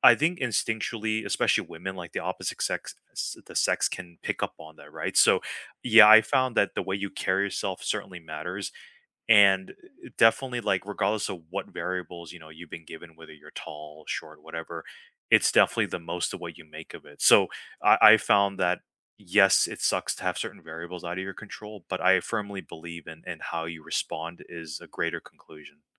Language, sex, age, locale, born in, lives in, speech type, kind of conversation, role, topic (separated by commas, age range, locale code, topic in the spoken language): English, male, 35-39, en-US, United States, United States, conversation, unstructured, none, Which small daily rituals help you feel centered, and what stories make them meaningful to you?